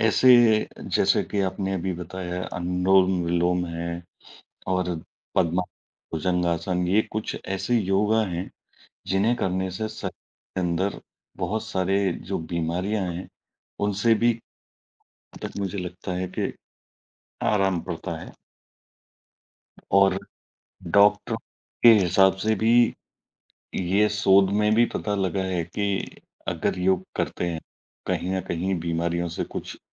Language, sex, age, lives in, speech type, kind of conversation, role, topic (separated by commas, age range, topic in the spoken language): Hindi, male, 40-44, India, conversation, unstructured, none, आपके लिए योग का क्या महत्व है?